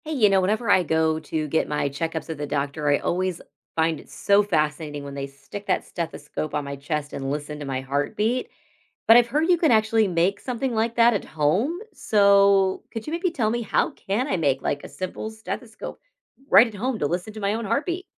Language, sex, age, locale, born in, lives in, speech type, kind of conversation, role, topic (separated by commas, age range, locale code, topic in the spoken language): English, female, 40-44, en-US, United States, United States, read, expert-assistant, asker, How can a homemade stethoscope be made?